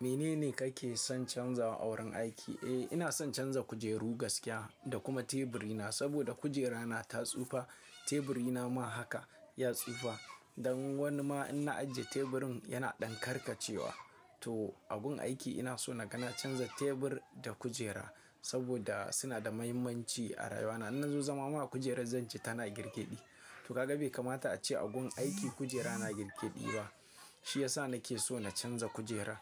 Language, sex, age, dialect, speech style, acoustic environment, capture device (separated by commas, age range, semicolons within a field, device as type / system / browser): Hausa, male, 25-34, Nigeria - Kano, happy, silence, mobile / Linux / Chrome